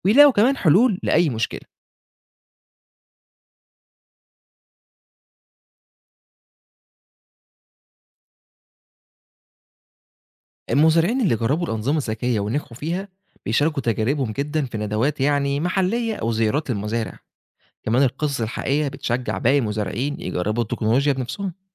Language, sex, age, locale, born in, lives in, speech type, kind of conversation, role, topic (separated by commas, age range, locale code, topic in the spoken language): Arabic, male, 25-29, ar-EG, Egypt, Egypt, read, expert-assistant, expert, إزاي أقدر أوازن بين استخدام التكنولوجيا الحديثة في الزراعة والحفاظ على البيئة علشان أحقق استدامة في المزرعة بتاعتي؟